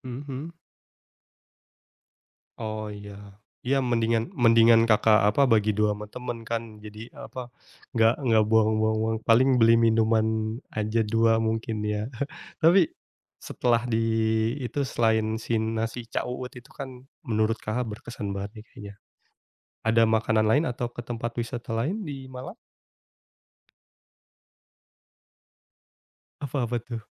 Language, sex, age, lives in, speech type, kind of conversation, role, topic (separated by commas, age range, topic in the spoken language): Indonesian, male, 30-34, Indonesia, conversation, podcast, host, Apa pelajaran terbesar yang kamu dapat dari perjalananmu?